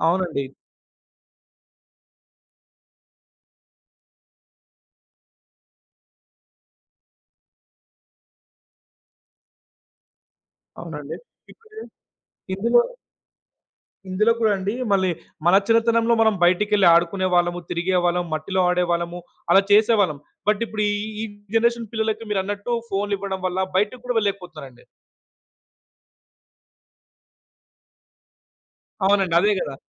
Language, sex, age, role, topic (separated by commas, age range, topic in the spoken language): Telugu, male, 20-24, host, మీరు ప్రతికూల పరిస్థితులను మనసులో ఎలా సానుకూలంగా మలచుకుంటారు?